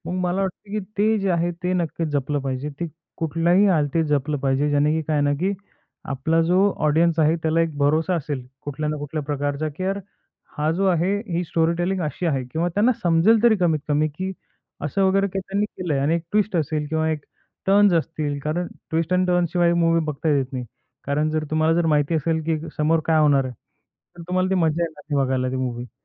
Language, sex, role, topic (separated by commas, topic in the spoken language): Marathi, male, guest, रेमेक आणि पुनर्निर्मितींबद्दल तुमचं काय मत आहे?